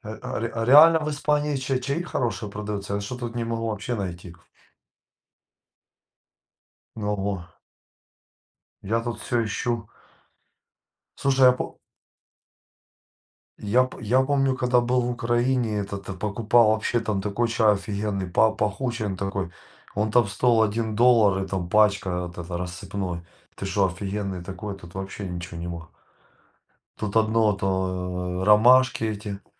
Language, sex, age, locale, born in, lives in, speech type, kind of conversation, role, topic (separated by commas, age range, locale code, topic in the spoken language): Russian, male, 40-44, ru-RU, Ukraine, Spain, conversation, unstructured, none, Какой сорт чая вы бы выбрали для романтического вечера?